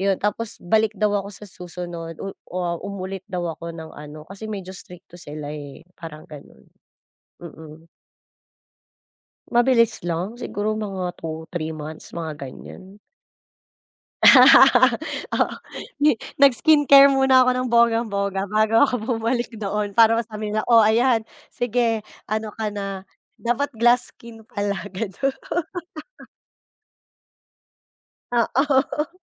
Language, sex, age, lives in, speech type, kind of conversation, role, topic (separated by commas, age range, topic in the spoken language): Filipino, female, 35-39, Philippines, conversation, podcast, guest, May kuwento ba ng pag-alis o paglipat ng tirahan sa pamilya ninyo?